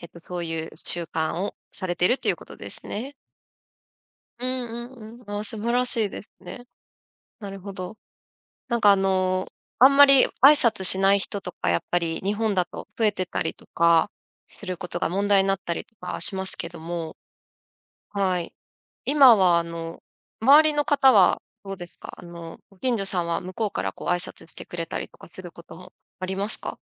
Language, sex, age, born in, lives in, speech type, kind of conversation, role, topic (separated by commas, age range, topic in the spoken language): Japanese, female, 35-39, Japan, Japan, conversation, podcast, host, ご近所での挨拶はどれくらい大切だと思いますか？